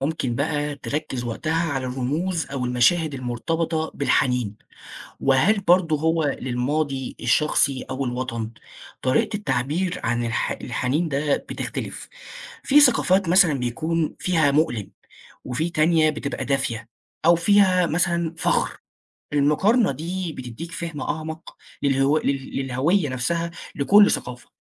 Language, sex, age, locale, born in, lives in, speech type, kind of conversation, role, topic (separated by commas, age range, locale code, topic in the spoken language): Arabic, male, 20-24, ar-EG, Egypt, Egypt, read, expert-assistant, expert, إيه الطريقة اللي ممكن أستخدمها لما أقرا روايات من ثقافات مختلفة علشان ألاقي الحاجات اللي بتجمعهم أو بتفرقهم؟